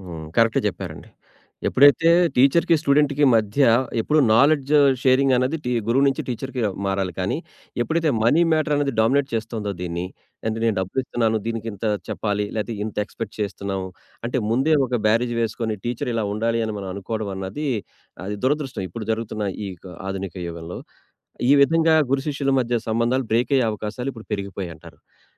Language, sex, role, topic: Telugu, male, host, గురువు-శిష్యుల మధ్య నమ్మకం ఎలా పెరుగుతుందని మీరు అనుకుంటారు?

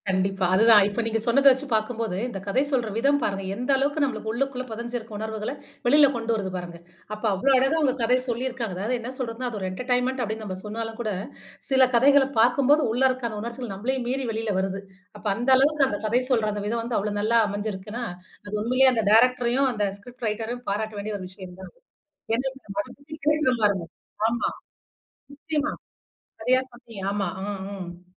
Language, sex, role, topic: Tamil, female, host, கதை சொல்லும் விதம் கேட்பவரின் உணர்ச்சிகளை எவ்வாறு தூண்டுகிறது?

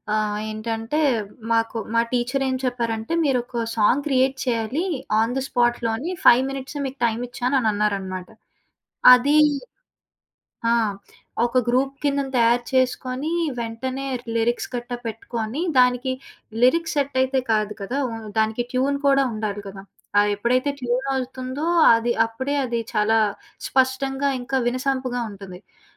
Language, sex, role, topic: Telugu, female, guest, సహకారం మీ కళను ఏ విధంగా మార్చింది?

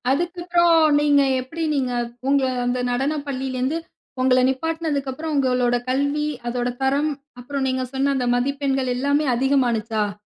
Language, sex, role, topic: Tamil, female, host, தோல்வி ஏற்பட்டால் அதை படைப்பாற்றலுக்கான ஆற்றலாக மாற்ற முடியுமா? எப்படி?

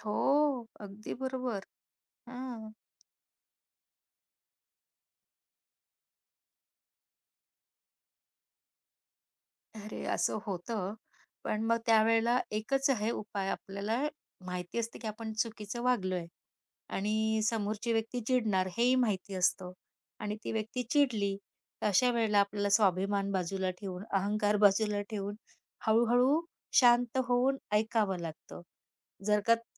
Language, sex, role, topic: Marathi, female, guest, तुम्ही कधी स्वतःच्या चुका मान्य करून पुन्हा नव्याने सुरुवात केली आहे का?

